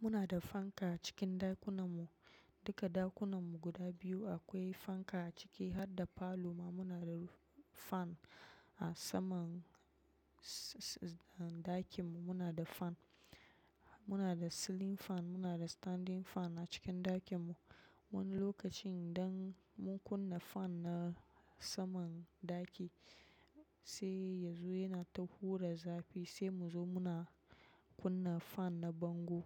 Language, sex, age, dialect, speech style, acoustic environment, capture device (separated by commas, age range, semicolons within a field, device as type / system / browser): Hausa, female, 25-34, Nigeria - Nigerian Standard, relaxed, silence, mobile / macOS / Safari